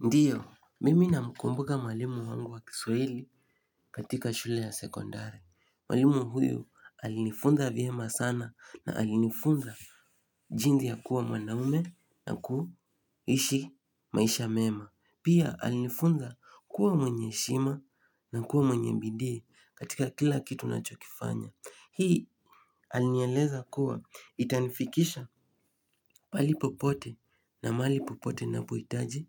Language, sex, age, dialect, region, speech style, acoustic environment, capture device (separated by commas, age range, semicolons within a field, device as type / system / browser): Swahili, male, 18-24, Kenya - Nairobi Sheng-influenced, Kenya, happy, silence; nature, mobile / Linux / Chrome